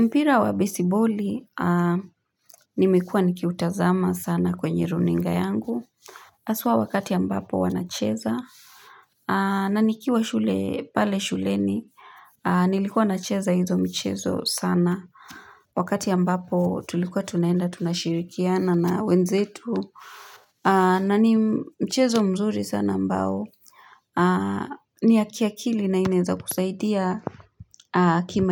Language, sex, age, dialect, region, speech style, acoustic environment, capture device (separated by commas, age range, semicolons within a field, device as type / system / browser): Swahili, female, 35-44, Kenya - Nairobi Sheng-influenced, Kenya, relaxed, silence, mobile / Linux / Chrome